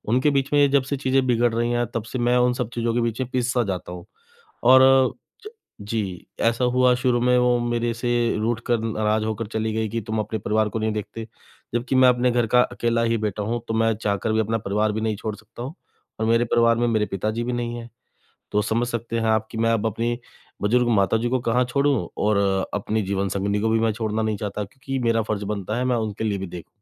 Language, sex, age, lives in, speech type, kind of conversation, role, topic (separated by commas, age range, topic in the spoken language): Hindi, male, 35-39, India, conversation, advice, user, शर्मनाक पल के बाद मैं अपना आत्म-सम्मान और खुद पर भरोसा फिर से कैसे जगा सकता/सकती हूँ?